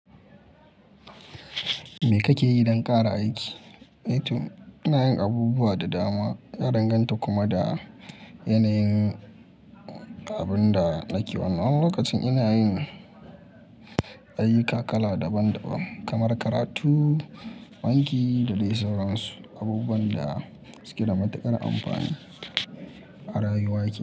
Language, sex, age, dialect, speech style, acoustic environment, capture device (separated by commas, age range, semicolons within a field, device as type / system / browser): Hausa, male, 25-34, Nigeria - Kano, happy; focused, people talking; nature, mobile / Linux / Chrome